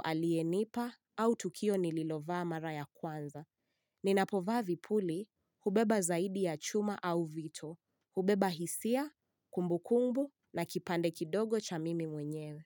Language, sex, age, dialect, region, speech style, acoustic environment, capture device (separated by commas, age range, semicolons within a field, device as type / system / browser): Swahili, female, 18-24, Kenya - Nairobi Sheng-influenced, Kenya, relaxed; excited; focused, nature; silence, mobile / Linux / Chrome